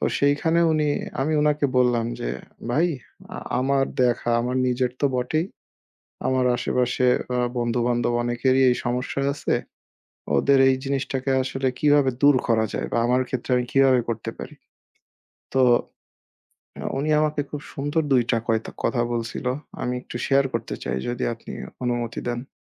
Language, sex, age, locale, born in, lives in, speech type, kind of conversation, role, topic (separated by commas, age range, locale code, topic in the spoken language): Bengali, male, 25-29, bn-BD, Bangladesh, Bangladesh, conversation, unstructured, none, টাকা সঠিকভাবে ব্যয় করার ক্ষেত্রে সবচেয়ে বড় চ্যালেঞ্জ কী?